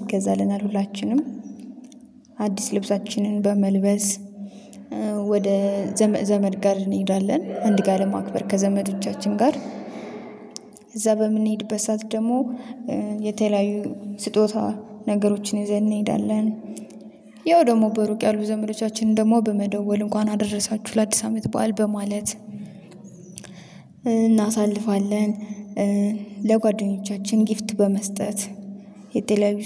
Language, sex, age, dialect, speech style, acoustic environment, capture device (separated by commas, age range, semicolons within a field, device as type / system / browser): Amharic, female, 25-34, Ethiopia - Addis Ababa, happy, nature, mobile / Linux / Chrome